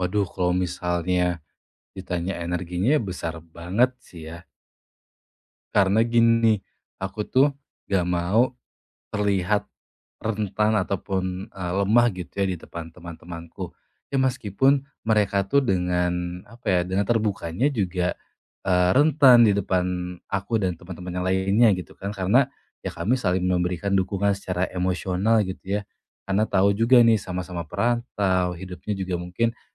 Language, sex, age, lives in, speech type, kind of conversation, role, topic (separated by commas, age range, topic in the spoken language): Indonesian, male, 25-29, Indonesia, conversation, advice, user, Kenapa aku merasa kesepian saat berada di tengah banyak orang di pesta?